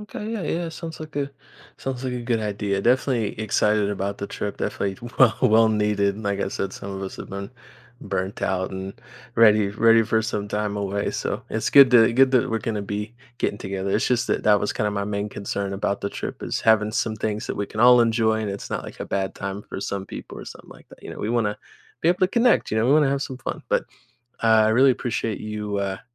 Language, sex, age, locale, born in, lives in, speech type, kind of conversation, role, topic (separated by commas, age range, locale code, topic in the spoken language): English, male, 20-24, en-US, United States, United States, conversation, advice, user, How can I plan a trip with friends?